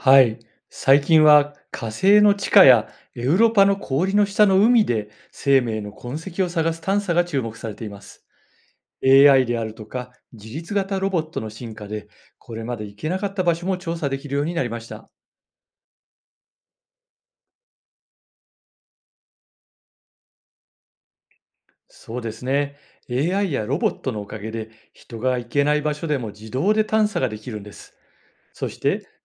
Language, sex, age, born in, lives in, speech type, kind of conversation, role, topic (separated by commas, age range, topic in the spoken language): Japanese, male, 55-59, Japan, Japan, read, expert-assistant, expert, 宇宙で生命を発見するために、今どんな新しいアイデアや取り組みがあるのでしょう？